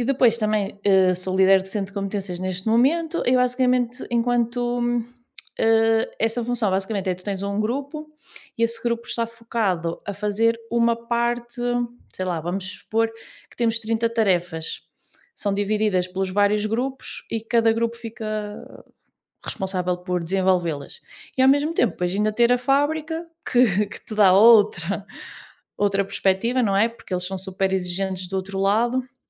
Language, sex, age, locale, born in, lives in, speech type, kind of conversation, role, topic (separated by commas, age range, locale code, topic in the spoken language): Portuguese, female, 30-34, pt-PT, Portugal, Portugal, conversation, unstructured, none, O que faz você gostar do seu trabalho?